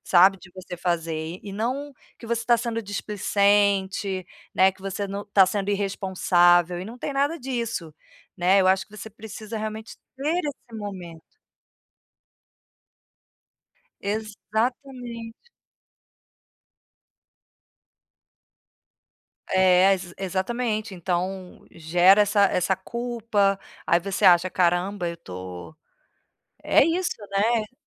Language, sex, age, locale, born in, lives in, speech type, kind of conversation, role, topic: Portuguese, female, 45-49, pt-BR, Brazil, Portugal, conversation, advice, advisor, Como posso criar um espaço de lazer em casa sem sentir culpa?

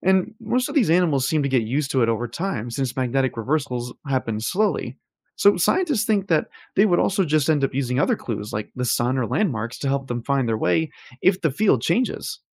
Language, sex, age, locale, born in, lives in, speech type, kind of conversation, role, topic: English, male, 25-29, en-US, United States, United States, read, expert-assistant, expert, How does a compass point north?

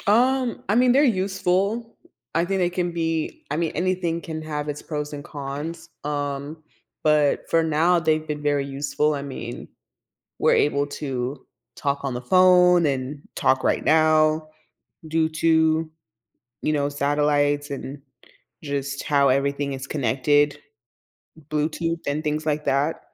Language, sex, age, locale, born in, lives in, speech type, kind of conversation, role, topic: English, female, 20-24, en-US, United States, United States, conversation, podcast, guest, In what ways has space exploration influenced technology and society on Earth?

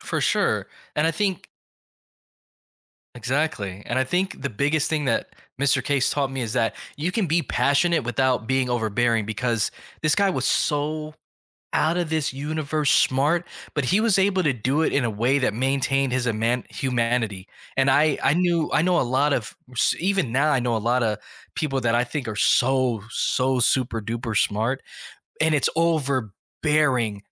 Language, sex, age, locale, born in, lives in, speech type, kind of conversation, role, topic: English, male, 35-39, en-US, United States, United States, conversation, podcast, guest, How do teachers make a lasting difference in students' lives?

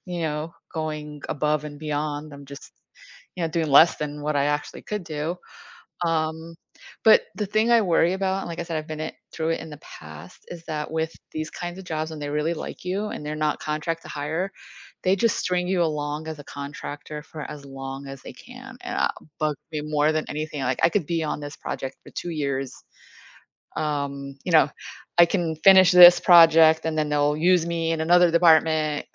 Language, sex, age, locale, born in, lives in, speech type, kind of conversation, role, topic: English, female, 50-54, en-US, United States, United States, conversation, advice, user, How can I manage excitement and uncertainty when starting a new job?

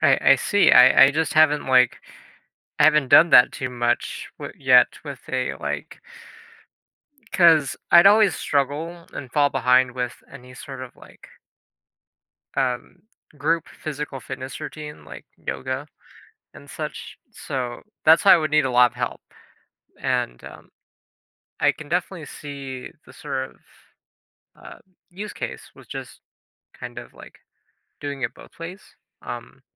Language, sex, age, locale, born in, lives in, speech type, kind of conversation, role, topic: English, male, 20-24, en-US, United States, United States, conversation, advice, user, How can I make mindfulness part of my daily routine after meditating?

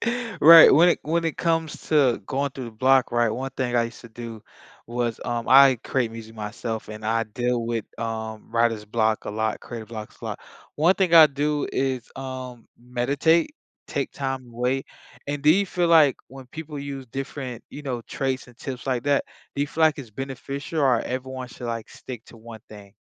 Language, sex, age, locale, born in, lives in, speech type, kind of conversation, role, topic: English, male, 25-29, en-US, United States, United States, conversation, podcast, host, What strategies help you find inspiration when your creativity feels stuck?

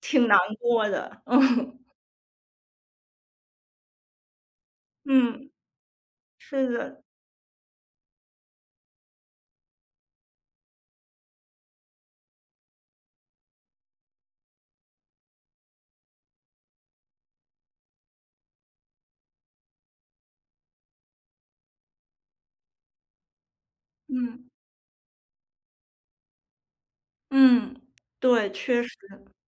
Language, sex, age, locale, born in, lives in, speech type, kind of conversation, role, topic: Chinese, female, 30-34, zh-CN, China, United States, conversation, podcast, host, 节庆期间你会如何照顾长辈的饮食？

